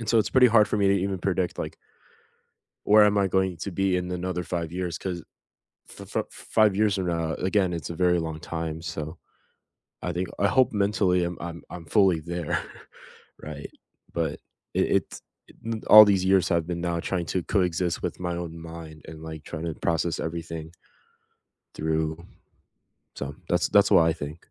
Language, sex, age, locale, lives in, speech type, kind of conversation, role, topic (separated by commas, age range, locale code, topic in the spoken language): English, male, 20-24, en-US, United States, conversation, unstructured, none, Where do you see yourself in five years?